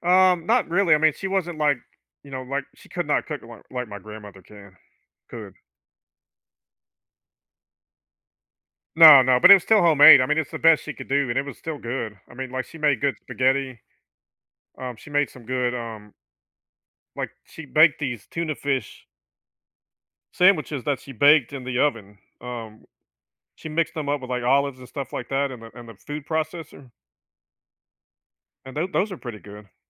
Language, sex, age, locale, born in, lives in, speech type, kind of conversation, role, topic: English, male, 50-54, en-US, United States, United States, conversation, podcast, guest, Why do certain meals feel more comforting or special than others?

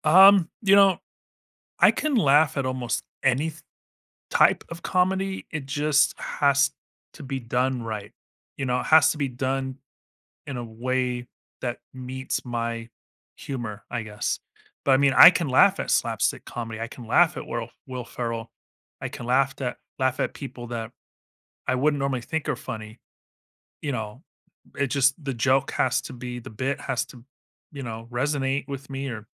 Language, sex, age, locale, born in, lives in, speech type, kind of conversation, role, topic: English, male, 40-44, en-US, United States, United States, conversation, podcast, guest, Why do people find certain types of humor funnier than others?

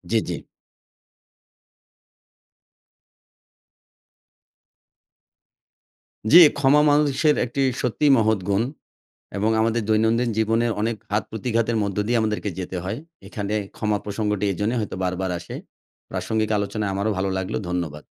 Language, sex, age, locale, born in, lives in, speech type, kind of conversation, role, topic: Bengali, male, 40-44, bn-BD, Bangladesh, Bangladesh, conversation, podcast, guest, কখন ক্ষমা করা জরুরি বলে আপনি মনে করেন?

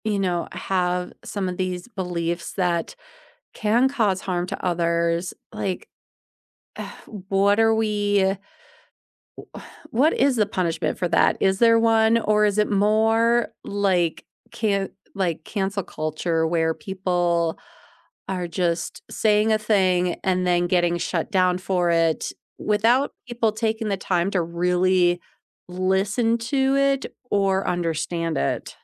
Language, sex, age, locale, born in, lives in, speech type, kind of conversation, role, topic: English, female, 40-44, en-US, United States, United States, conversation, unstructured, none, Should I be punished for beliefs that hurt others?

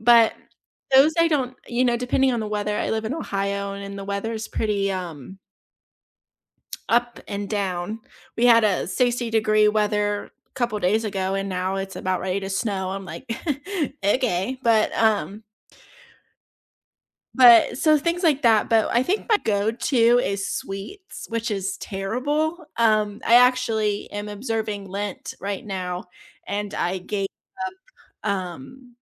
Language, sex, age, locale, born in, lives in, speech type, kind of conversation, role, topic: English, female, 20-24, en-US, United States, United States, conversation, unstructured, none, What are some ways you handle stress in your daily life?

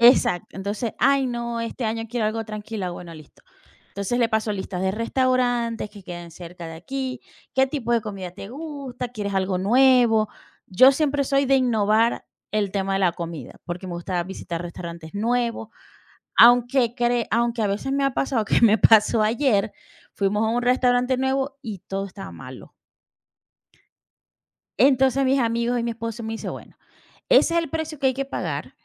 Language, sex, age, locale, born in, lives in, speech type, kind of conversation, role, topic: Spanish, female, 40-44, es-VE, Venezuela, United States, conversation, unstructured, none, ¿Cómo te gusta pasar un día especial con tu familia?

